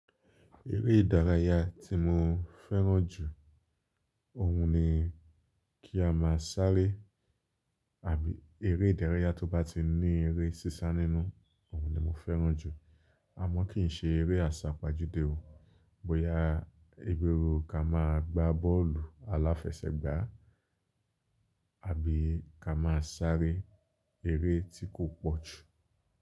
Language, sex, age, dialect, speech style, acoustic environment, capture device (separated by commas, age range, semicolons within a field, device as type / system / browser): Yoruba, male, 25-34, Nigeria - Lagos Yoruba, relaxed, silence, mobile / Linux / Chrome